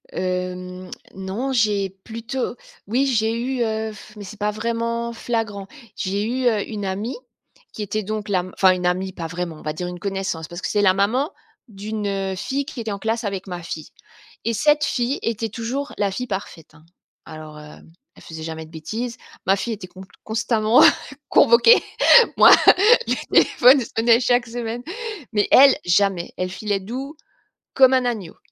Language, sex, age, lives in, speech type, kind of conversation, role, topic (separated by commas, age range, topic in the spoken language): French, female, 45-49, France, conversation, podcast, guest, Comment instaurer un véritable dialogue entre parents et enfants ?